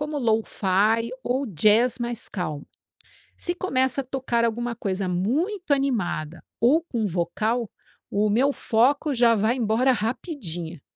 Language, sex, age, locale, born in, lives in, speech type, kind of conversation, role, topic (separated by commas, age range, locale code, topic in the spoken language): Portuguese, female, 50-54, pt-BR, Brazil, United States, read, expert-assistant, asker, De que forma a música pode ajudar na concentração durante os estudos